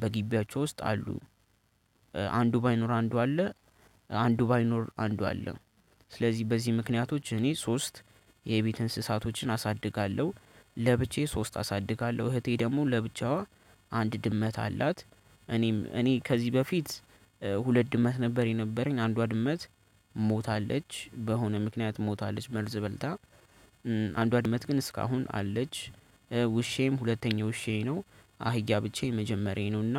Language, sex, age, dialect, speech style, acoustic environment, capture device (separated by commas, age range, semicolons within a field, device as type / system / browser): Amharic, male, 18-24, Ethiopia - Addis Ababa, happy, nature, desktop / Linux / Chrome